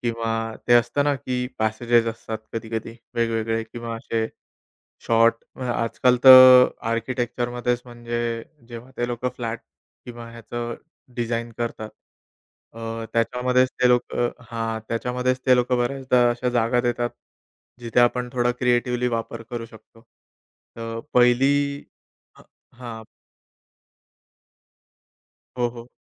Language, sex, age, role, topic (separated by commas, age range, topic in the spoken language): Marathi, male, 30-34, guest, लहान अपार्टमेंटमध्ये जागा कशी वाढवता येईल?